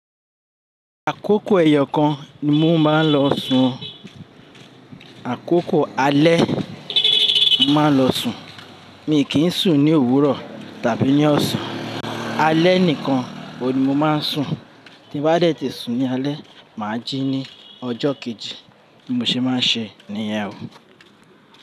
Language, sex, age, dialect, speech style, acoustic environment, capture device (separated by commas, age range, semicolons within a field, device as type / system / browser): Yoruba, male, 35-44, Nigeria - Lagos Yoruba, happy, people talking, mobile / Linux / Chrome